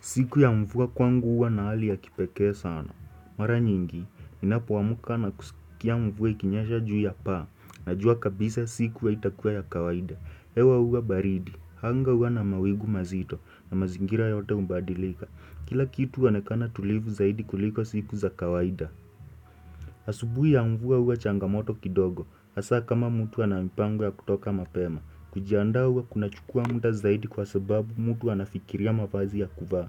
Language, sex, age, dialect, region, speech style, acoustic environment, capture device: Swahili, male, 18-24, Kenya - Nairobi Sheng-influenced, Kenya, happy; anxious; excited; focused, silence, desktop / Windows / Chrome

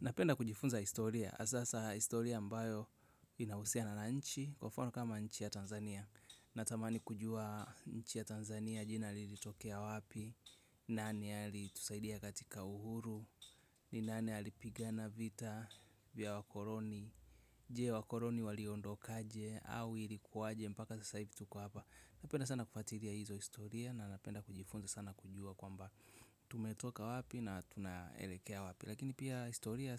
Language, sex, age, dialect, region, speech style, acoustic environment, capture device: Swahili, male, 25-34, Tanzania - Dar es Salaam, Tanzania, happy, music, mobile / Linux / Chrome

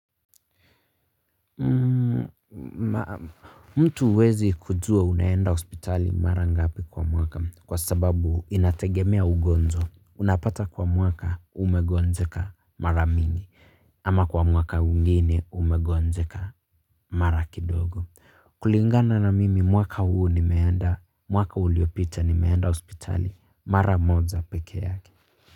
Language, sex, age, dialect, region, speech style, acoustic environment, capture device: Swahili, male, 25-34, Kenya - Nairobi Sheng-influenced, Kenya, relaxed, silence, mobile / Linux / Chrome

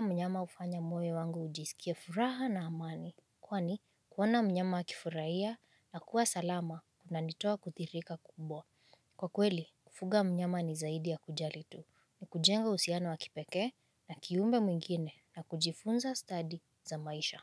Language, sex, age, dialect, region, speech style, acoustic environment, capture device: Swahili, female, 25-34, Kenya - Nairobi Sheng-influenced, Kenya, focused, silence, mobile / Linux / Chrome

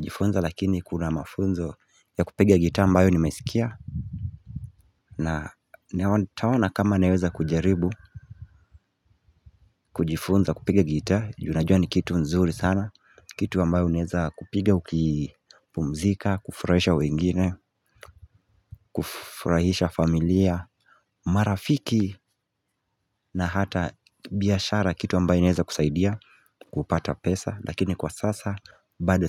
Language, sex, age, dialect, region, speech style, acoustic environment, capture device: Swahili, male, 18-24, Kenya - Mombasa, Kenya, happy, nature, mobile / Linux / Chrome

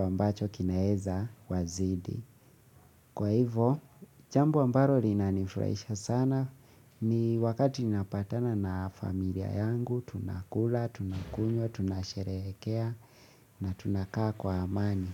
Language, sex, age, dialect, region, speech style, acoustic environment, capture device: Swahili, male, 25-34, Kenya - Nairobi Sheng-influenced, Kenya, focused; excited; relaxed, silence, mobile / Linux / Chrome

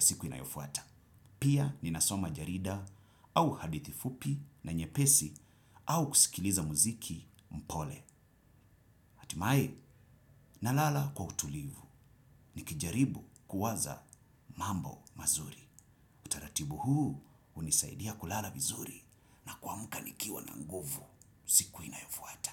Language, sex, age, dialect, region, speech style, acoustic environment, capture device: Swahili, male, 35-44, Kenya - Mombasa, Kenya, happy, silence, desktop / Windows / Chrome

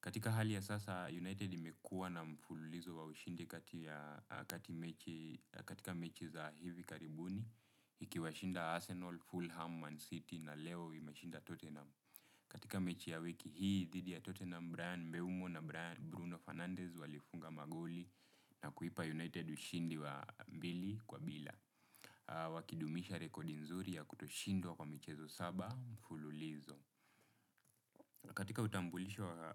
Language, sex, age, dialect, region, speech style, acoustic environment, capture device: Swahili, male, 25-34, Kenya - Nairobi Sheng-influenced, Kenya, relaxed, silence; nature; appliances, desktop / Windows / Chrome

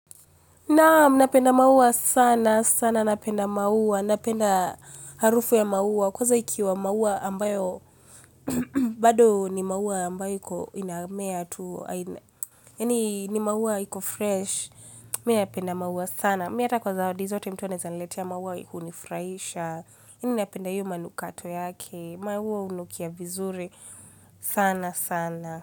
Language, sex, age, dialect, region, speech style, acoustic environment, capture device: Swahili, female, 25-34, Kenya - Nairobi Sheng-influenced, Kenya, relaxed, silence, mobile / Linux / Chrome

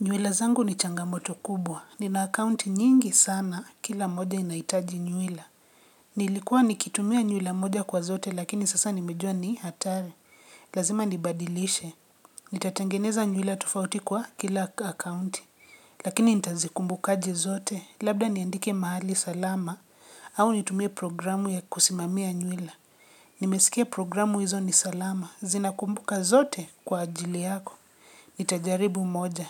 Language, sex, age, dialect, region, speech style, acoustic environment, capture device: Swahili, female, 25-34, Kenya - Nairobi Sheng-influenced, Kenya, relaxed, nature, mobile / Linux / Chrome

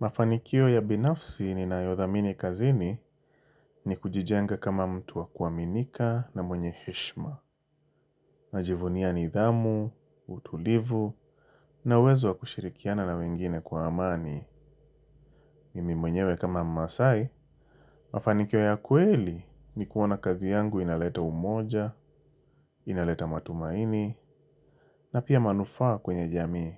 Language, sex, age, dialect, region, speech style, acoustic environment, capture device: Swahili, male, 25-34, Kenya - Mombasa, Kenya, happy; relaxed, silence, mobile / Linux / Chrome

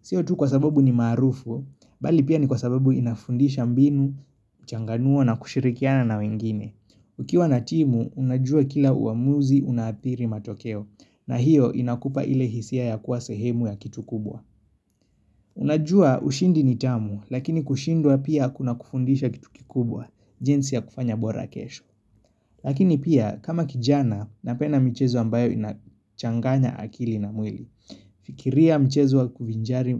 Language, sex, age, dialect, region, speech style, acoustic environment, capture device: Swahili, male, 25-34, Kenya - Nairobi Sheng-influenced, Kenya, relaxed, nature; appliances; construction, mobile / Linux / Chrome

